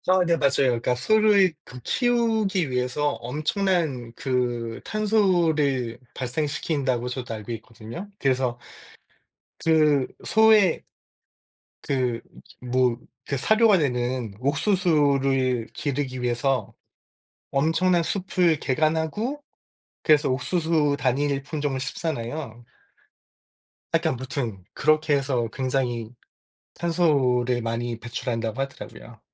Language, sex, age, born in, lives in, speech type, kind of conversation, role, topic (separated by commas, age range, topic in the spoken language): Korean, male, 45-49, South Korea, United States, conversation, unstructured, none, 환경오염 문제에 대해 어떻게 생각하세요?